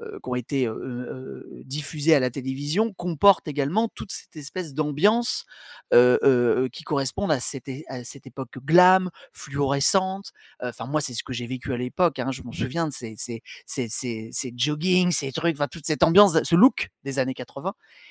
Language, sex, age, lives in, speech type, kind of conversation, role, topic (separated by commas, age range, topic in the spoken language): French, male, 45-49, France, conversation, podcast, guest, Qu’est-ce qui fait, selon toi, une bonne série ?